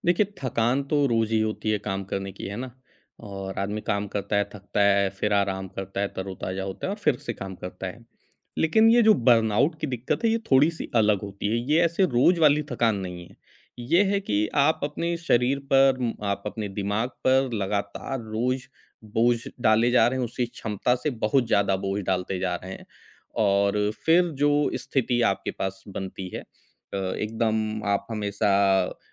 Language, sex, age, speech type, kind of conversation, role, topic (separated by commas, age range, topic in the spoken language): Hindi, male, 40-44, conversation, podcast, guest, जब आपको बर्नआउट का सामना करना पड़ा, तब आपने उससे निपटने के लिए क्या किया?